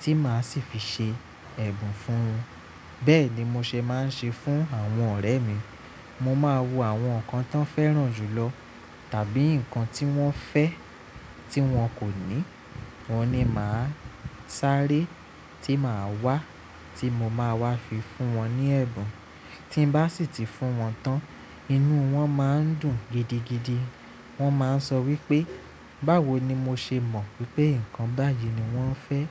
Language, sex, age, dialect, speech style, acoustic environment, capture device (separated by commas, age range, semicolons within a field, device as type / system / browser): Yoruba, male, 25-34, Nigeria - Nigerian Standard, relaxed; nervous; focused; excited, appliances; nature, mobile / Linux / Chrome